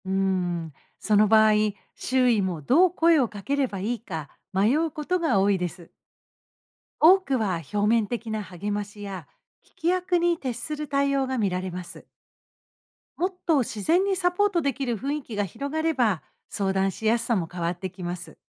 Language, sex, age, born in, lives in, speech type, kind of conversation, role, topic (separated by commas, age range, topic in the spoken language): Japanese, female, 55-59, Japan, United States, read, expert-assistant, expert, どうして日本では「心の健康」について話すのが難しいと感じる人が多いのでしょうか？